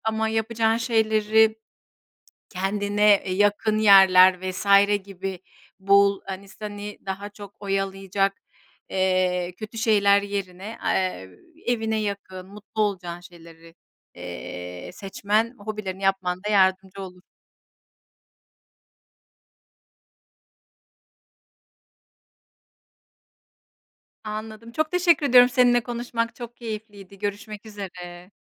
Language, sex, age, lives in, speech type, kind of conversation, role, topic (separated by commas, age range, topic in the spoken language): Turkish, female, 40-44, Spain, conversation, podcast, host, Stresle baş etmene hangi hobiler yardımcı oluyor, örnek verebilir misin?